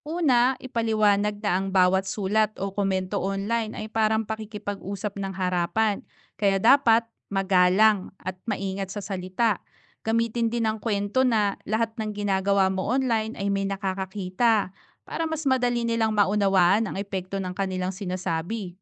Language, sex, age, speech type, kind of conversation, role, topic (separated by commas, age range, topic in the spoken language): Filipino, female, 35-39, read, expert-assistant, expert, Paano ko mapapanatiling buhay ang wika namin sa gitna ng modernong teknolohiya at social media?